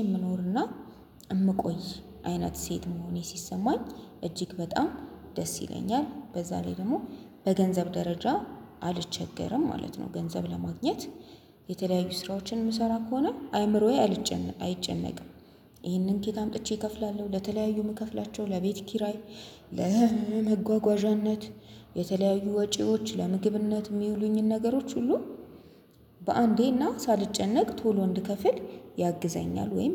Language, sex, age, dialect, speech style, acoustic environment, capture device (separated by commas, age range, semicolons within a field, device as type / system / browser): Amharic, female, 25-34, Ethiopia - Addis Ababa, anxious, silence, mobile / Linux / Chrome